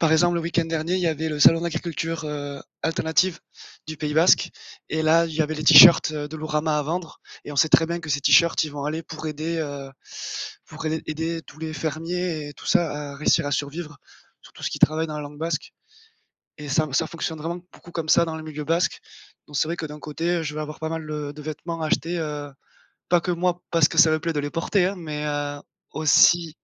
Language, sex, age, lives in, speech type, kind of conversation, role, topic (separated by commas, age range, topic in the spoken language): French, male, 25-29, France, conversation, podcast, guest, Comment ton style raconte-t-il une partie de ton histoire personnelle ?